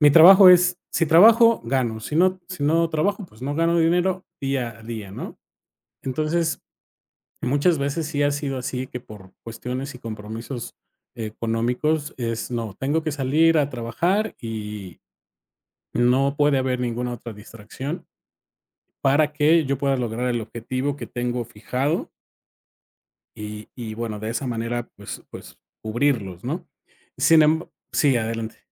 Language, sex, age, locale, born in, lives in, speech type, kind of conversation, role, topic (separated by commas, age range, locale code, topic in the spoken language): Spanish, male, 45-49, es-MX, Mexico, Mexico, conversation, podcast, guest, ¿Cómo eliges entre tener más tiempo libre o ganar más dinero?